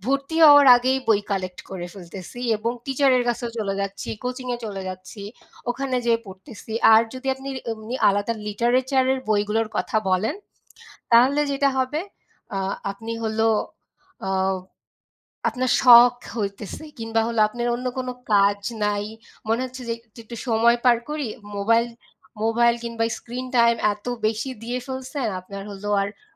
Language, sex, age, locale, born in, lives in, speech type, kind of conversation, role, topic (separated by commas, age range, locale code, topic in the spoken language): Bengali, female, 25-29, bn-BD, Bangladesh, Bangladesh, conversation, unstructured, none, বই পড়ার অভ্যাস কীভাবে জীবনে পরিবর্তন আনে?